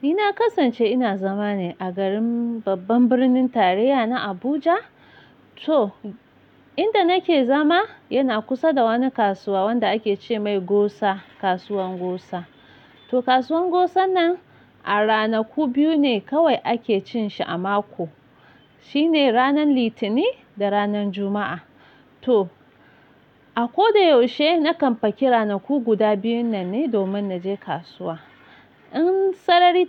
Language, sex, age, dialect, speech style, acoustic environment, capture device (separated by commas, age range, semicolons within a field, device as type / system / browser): Hausa, female, 25-34, Nigeria - Nigerian Standard, happy; relaxed; excited; focused, nature; silence, mobile / Linux / Chrome